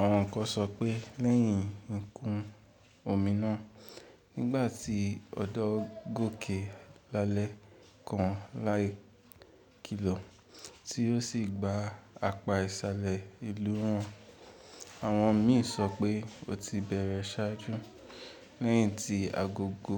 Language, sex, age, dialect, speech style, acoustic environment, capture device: Yoruba, male, 18-24, Nigeria - Ibadan, relaxed, people talking, mobile / Linux / Chrome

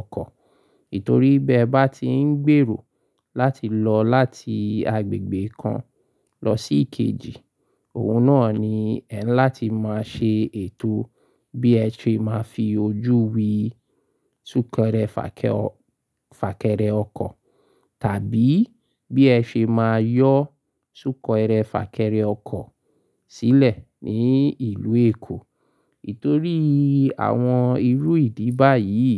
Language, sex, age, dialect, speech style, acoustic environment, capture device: Yoruba, male, 35-44, Nigeria - Lagos Yoruba, happy; focused; excited, silence; appliances; construction, mobile / Linux / Chrome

